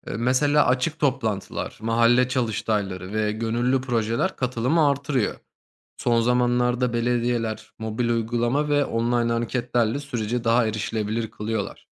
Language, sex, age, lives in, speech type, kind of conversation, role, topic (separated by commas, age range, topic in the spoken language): Turkish, male, 30-34, Germany, read, expert-assistant, expert, ‘Kentsel dönüşüm’ terimi ne anlama gelir ve farklı şehirlerde nasıl uygulanır?